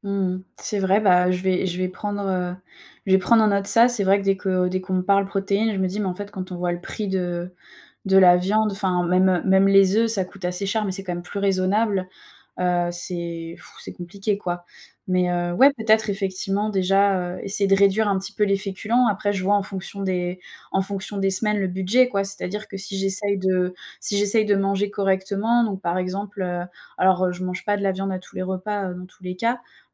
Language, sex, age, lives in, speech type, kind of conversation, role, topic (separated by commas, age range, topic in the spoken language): French, female, 25-29, France, conversation, advice, user, Comment puis-je ancrer durablement des comportements positifs sans retomber dans mes anciennes habitudes ?